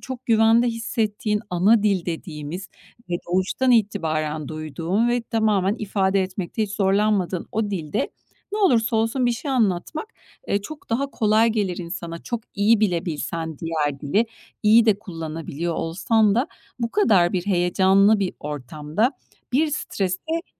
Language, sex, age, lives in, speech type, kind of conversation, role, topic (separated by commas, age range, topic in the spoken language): Turkish, female, 45-49, Spain, conversation, advice, advisor, Yaklaşan bir sunum veya toplantı hakkında neden aşırı kaygı hissediyorsunuz?